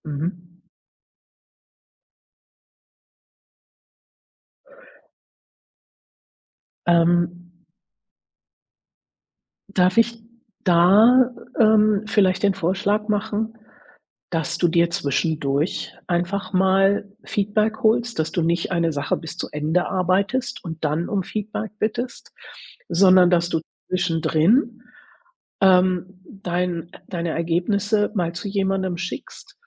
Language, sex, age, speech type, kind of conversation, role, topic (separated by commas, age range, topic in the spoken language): German, female, 60-64, conversation, advice, advisor, Wie blockiert dich Perfektionismus dabei, bei wichtigen Aufgaben voranzukommen?